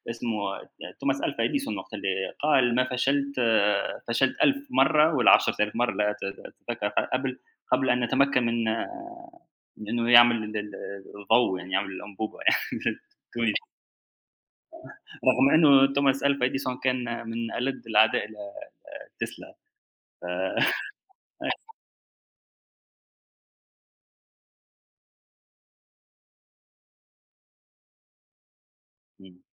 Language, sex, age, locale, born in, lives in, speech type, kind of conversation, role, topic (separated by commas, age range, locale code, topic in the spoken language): Arabic, male, 30-34, ar-TN, Tunisia, United States, conversation, unstructured, none, بتحس بإيه لما تفشل إنك تحقق اللي انت عايزه؟